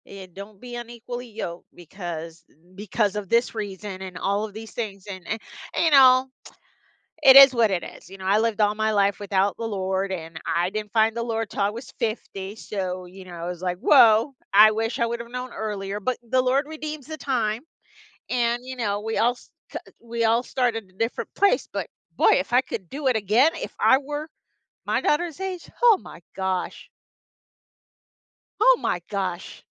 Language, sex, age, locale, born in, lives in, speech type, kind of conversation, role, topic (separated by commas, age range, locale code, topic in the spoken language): English, female, 50-54, en-US, United States, United States, conversation, unstructured, none, What are some common reasons couples argue and how can they resolve conflicts more effectively?